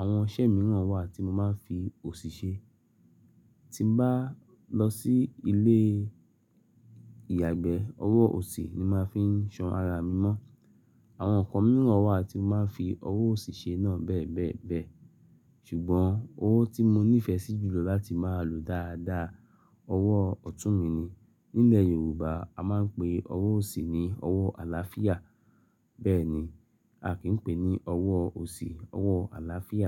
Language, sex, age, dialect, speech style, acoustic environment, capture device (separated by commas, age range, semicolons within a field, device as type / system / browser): Yoruba, male, 35-44, Nigeria - Lagos Yoruba, happy; anxious; excited, silence, mobile / Linux / Chrome